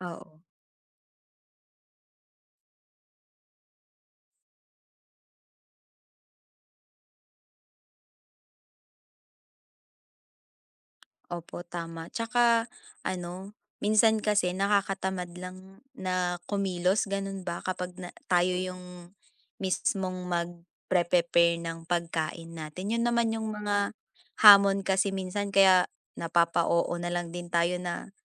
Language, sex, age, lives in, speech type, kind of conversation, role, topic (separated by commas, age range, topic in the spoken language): Filipino, female, 20-24, Philippines, conversation, unstructured, none, Ano ang opinyon mo sa pagkain sa bahay kumpara sa pagkain sa labas?